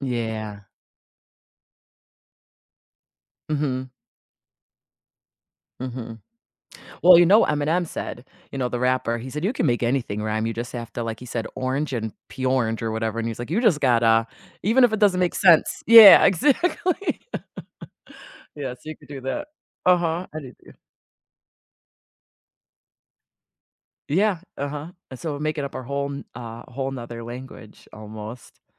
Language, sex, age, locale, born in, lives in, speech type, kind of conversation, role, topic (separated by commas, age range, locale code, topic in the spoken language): English, female, 40-44, en-US, United States, United States, conversation, unstructured, none, Would you rather always have to speak in rhymes or only be able to communicate through charades?